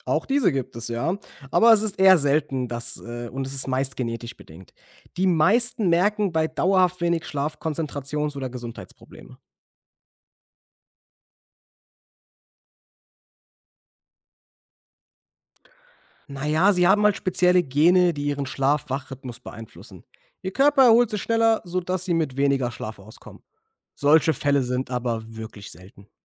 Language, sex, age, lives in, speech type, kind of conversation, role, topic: German, male, 25-29, Germany, read, expert-assistant, expert, Warum ist Schlaf für uns Menschen so wichtig?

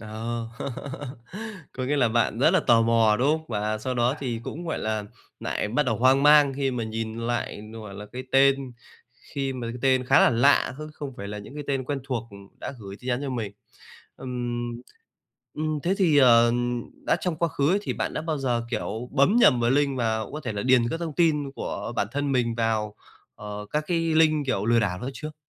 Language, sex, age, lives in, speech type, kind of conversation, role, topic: Vietnamese, male, 25-29, Vietnam, conversation, podcast, host, Bạn thường xử lý như thế nào khi gặp quảng cáo hoặc email lừa đảo?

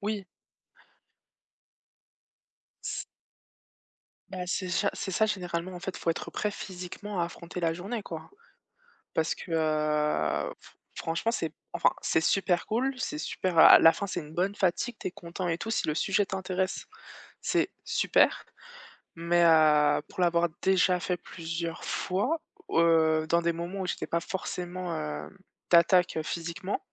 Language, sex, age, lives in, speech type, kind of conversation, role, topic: French, female, 25-29, France, conversation, unstructured, none, Préférez-vous les destinations historiques ou les lieux de détente ?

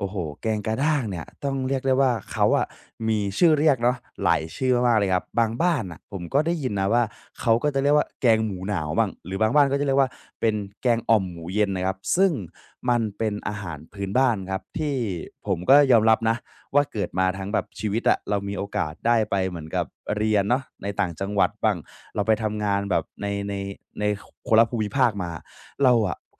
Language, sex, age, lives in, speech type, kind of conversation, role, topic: Thai, male, 20-24, Thailand, conversation, podcast, guest, เมนูโปรดของคุณในแต่ละฤดูกาลคืออะไร?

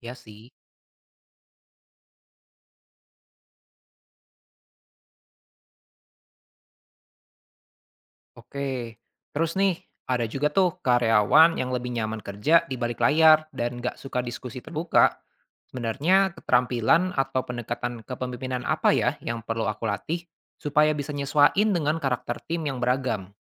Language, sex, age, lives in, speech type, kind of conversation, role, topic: Indonesian, male, 25-29, Indonesia, read, expert-assistant, asker, Bagaimana membangun komunikasi efektif dan kepemimpinan dalam mengelola tim peternakan?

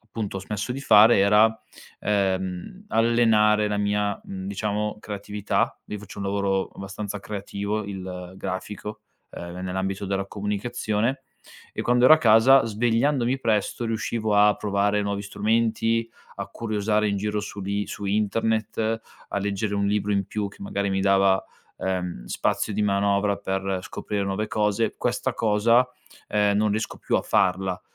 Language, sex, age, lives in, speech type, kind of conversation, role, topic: Italian, male, 25-29, Italy, conversation, advice, user, Come posso creare una routine mattutina efficace?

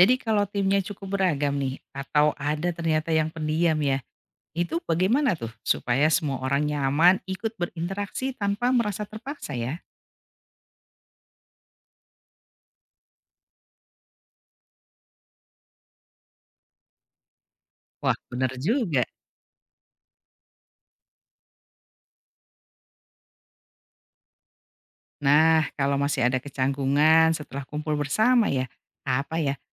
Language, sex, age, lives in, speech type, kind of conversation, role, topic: Indonesian, female, 45-49, Indonesia, read, expert-assistant, asker, Bagaimana mengatasi kesalahpahaman seputar agama di lingkungan kerja atau pertemanan tanpa menyinggung perasaan?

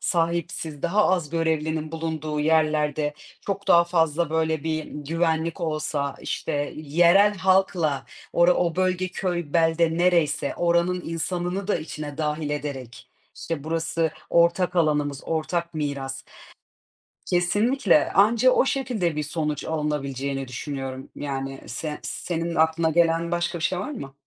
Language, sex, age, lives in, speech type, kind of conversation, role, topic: Turkish, female, 30-34, Portugal, conversation, unstructured, none, Turistlerin bazı yerlerde neden kötü karşılandığını hiç düşündün mü?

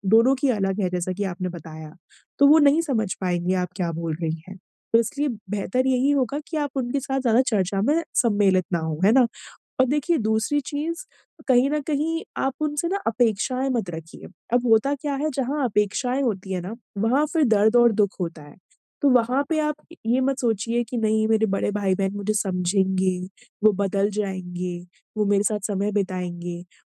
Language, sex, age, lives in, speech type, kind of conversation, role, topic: Hindi, female, 25-29, India, conversation, advice, advisor, मैं पारिवारिक सीमाएँ स्पष्ट और स्थिर कैसे बनाए रखूँ?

